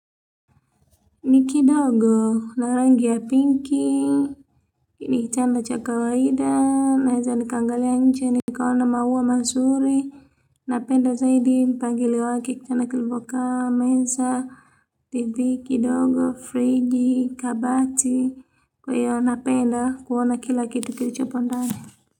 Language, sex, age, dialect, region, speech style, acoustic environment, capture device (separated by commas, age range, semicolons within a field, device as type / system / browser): Swahili, female, 25-34, Tanzania - Dar es Salaam, Tanzania, happy, silence, mobile / Linux / Chrome